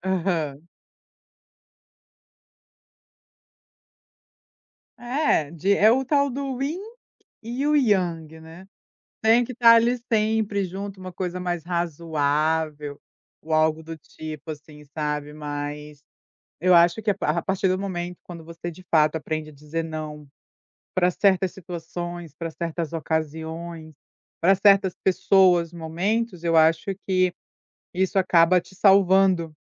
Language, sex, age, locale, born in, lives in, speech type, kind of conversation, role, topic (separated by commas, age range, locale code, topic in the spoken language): Portuguese, female, 30-34, pt-BR, Brazil, United States, conversation, podcast, guest, O que você faz quando precisa dizer não?